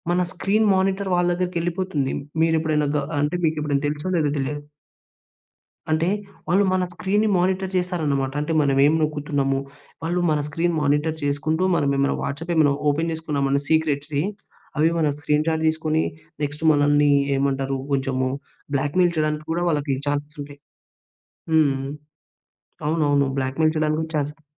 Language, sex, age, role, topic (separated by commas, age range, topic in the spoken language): Telugu, male, 20-24, guest, మీరు మీ ప్రాంతంలో జరిగిన మోసంలో చిక్కుకున్న అనుభవం ఏమిటి?